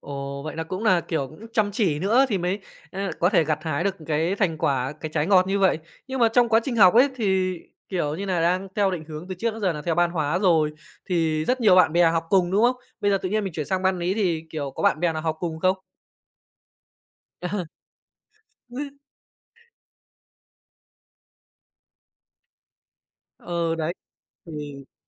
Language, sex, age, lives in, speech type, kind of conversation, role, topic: Vietnamese, male, 25-29, Japan, conversation, podcast, host, Bạn có thể kể về một lần cuộc đời bạn rẽ sang hướng bất ngờ không?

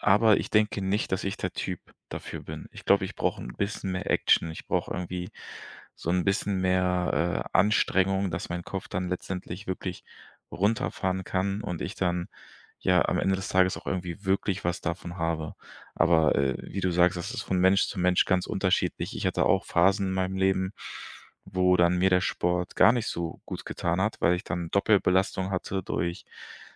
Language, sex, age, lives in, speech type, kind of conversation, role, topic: German, male, 25-29, Germany, conversation, podcast, guest, Welche Rolle spielt Bewegung für deine mentale Gesundheit?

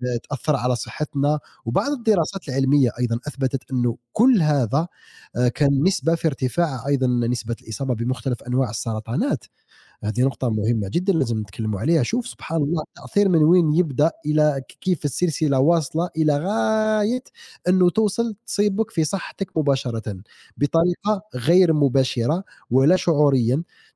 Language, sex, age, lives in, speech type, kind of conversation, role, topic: Arabic, male, 30-34, United States, conversation, unstructured, none, إيه رأيك في حرائق الغابات وتأثيرها على الكوكب؟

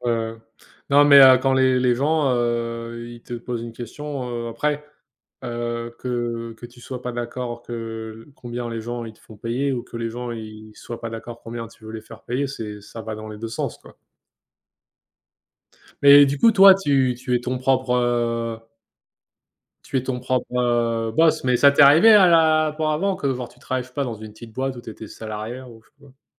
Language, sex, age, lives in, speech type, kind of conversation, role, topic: French, male, 25-29, United States, conversation, unstructured, none, Comment négocies-tu ton salaire quand tu sais que ton travail vaut plus que ce qu’on te propose ?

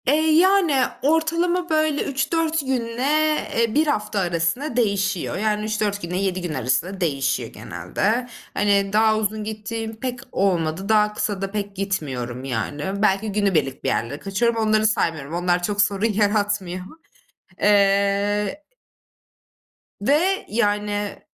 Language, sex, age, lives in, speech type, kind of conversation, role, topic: Turkish, female, 25-29, Germany, conversation, advice, user, Tatil veya seyahatten sonra günlük rutinlerinizi yeniden başlatmakta neden zorlanıyorsunuz?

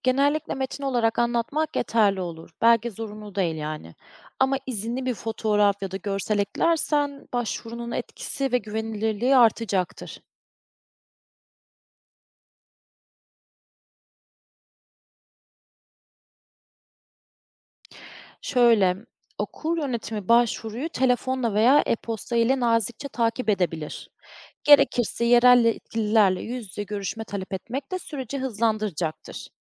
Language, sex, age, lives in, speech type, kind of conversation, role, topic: Turkish, female, 30-34, Germany, read, expert-assistant, expert, Okullarda kaynak eksikliği nasıl giderilebilir?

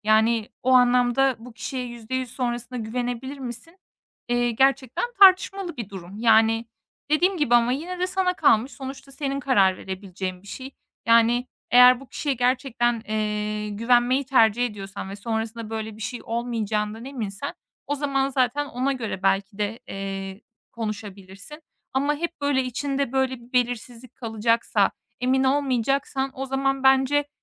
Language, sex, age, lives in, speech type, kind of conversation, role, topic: Turkish, female, 25-29, Estonia, conversation, advice, advisor, Aldatma sonrası ilişkiyi sürdürmeli miyim, yoksa bitirmeli miyim?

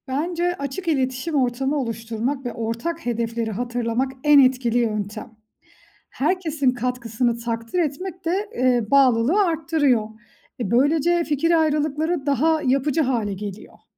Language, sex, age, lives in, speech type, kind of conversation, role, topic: Turkish, female, 35-39, Austria, read, expert-assistant, expert, Aşı olmak sadece kişisel bir karar mı, yoksa toplumsal bir sorumluluk mu?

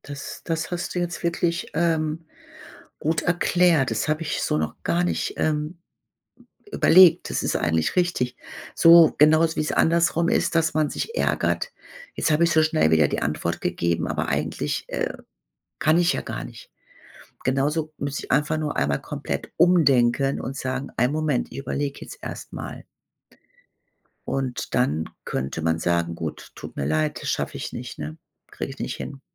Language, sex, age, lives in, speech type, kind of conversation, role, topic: German, female, 55-59, Germany, conversation, advice, user, Wie schaffe ich es, genug Zeit für mich selbst zu finden, obwohl ich ständig verabredet und beschäftigt bin?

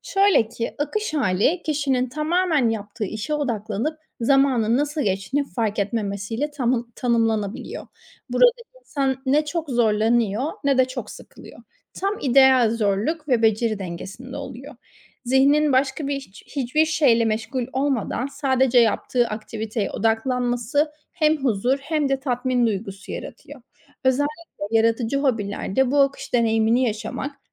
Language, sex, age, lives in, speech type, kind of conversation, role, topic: Turkish, female, 25-29, Spain, read, expert-assistant, expert, Yaratıcı hobiler insanı neden daha mutlu ve huzurlu yapar mı?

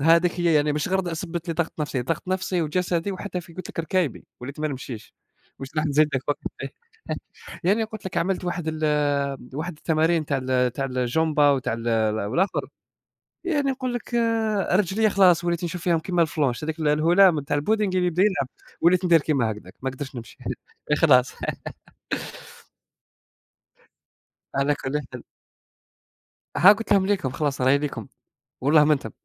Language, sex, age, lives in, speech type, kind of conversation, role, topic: Arabic, male, 20-24, Germany, conversation, unstructured, none, هل شايف إن الرياضة ممكن تسبب ضغط نفسي أحيانًا؟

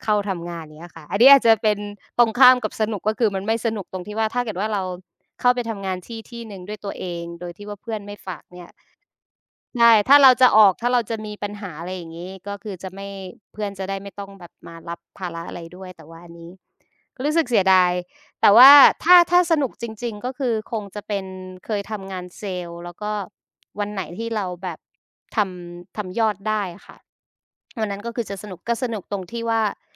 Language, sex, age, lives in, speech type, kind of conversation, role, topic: Thai, female, 25-29, Thailand, conversation, unstructured, none, วันทำงานแบบไหนที่คุณรู้สึกว่าสนุกที่สุด?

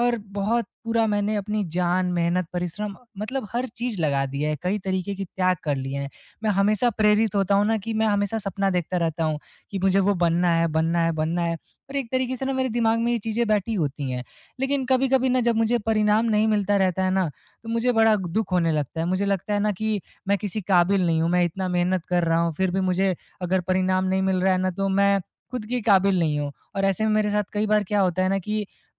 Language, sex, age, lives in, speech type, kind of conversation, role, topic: Hindi, male, 20-24, India, conversation, advice, user, मैं खुद पर अनावश्यक दबाव डाले बिना प्रेरित कैसे रह सकता/सकती हूँ?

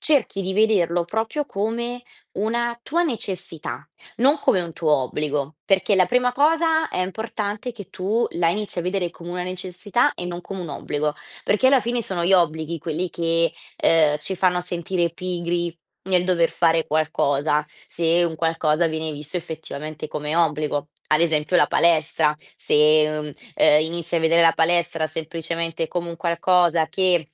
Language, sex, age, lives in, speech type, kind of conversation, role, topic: Italian, female, 20-24, Italy, conversation, advice, advisor, Come posso mantenere costanza nella meditazione ogni giorno?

